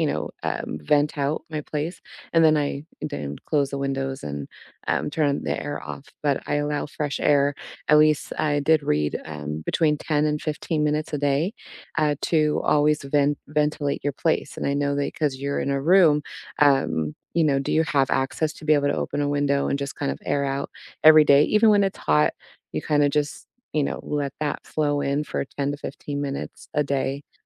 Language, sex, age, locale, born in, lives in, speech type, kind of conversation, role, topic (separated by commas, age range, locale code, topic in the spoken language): English, female, 30-34, en-US, United States, United States, conversation, unstructured, none, What does a cozy day at home look like for you?